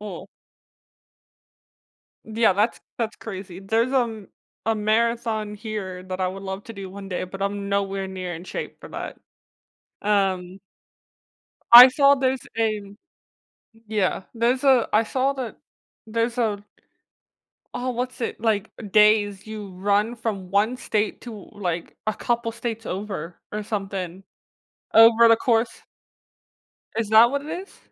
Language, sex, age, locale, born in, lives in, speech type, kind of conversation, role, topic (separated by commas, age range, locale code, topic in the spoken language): English, female, 20-24, en-US, United States, United States, conversation, podcast, host, How can small daily routines make a difference in our overall happiness?